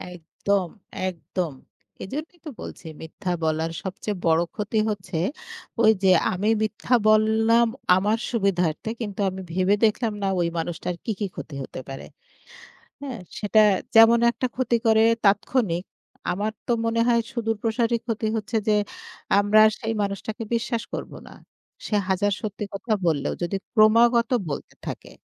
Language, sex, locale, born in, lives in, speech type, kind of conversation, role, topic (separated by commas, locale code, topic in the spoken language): Bengali, female, bn-BD, Bangladesh, Bangladesh, conversation, unstructured, none, আপনার চোখে মিথ্যা বলার সবচেয়ে বড় ক্ষতি কী?